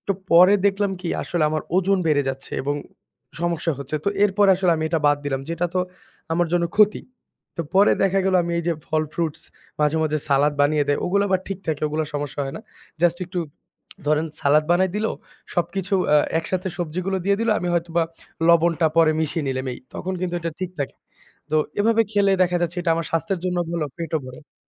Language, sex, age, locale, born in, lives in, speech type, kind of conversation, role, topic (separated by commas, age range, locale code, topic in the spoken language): Bengali, male, 25-29, bn-BD, Bangladesh, Bangladesh, conversation, podcast, guest, ব্যস্ত দিনে খাবার দ্রুত ও সহজে গুছিয়ে নেওয়ার কোনো উপায় বলবেন?